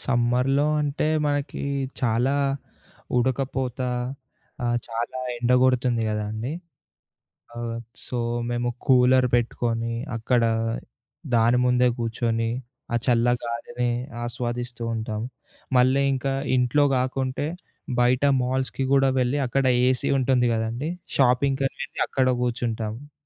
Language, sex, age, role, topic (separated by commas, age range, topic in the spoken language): Telugu, male, 20-24, guest, పండుగల సమయంలో పర్యావరణాన్ని దృష్టిలో పెట్టుకుని ఎలా జరుపుకోవాలి?